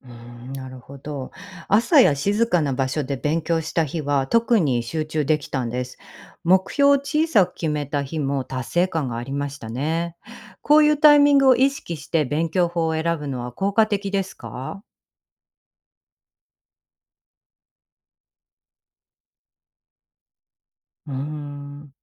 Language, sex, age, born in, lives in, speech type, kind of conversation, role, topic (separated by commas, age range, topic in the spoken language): Japanese, female, 45-49, Japan, United States, read, expert-assistant, asker, 「人によって効果的な勉強法が違う」とよく聞きますが、本当にそうなのでしょうか？いろいろな説がある理由を知りたいです。